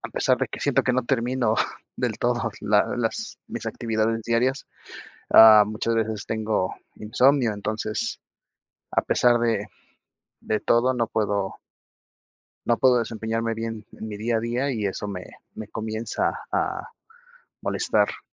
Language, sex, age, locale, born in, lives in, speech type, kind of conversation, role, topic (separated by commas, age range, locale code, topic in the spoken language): Spanish, male, 30-34, es-MX, Mexico, Mexico, conversation, advice, user, ¿Cómo puedo planear una rutina para evitar el agotamiento?